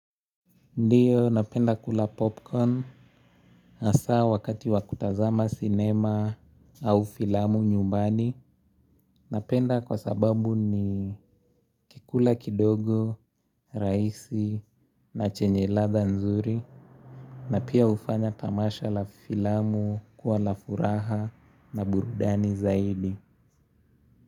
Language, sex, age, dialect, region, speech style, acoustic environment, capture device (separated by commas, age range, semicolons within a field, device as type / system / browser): Swahili, male, 18-24, Kenya - Nairobi Sheng-influenced, Kenya, happy; relaxed; focused, nature; silence; traffic; appliances, mobile / Linux / Chrome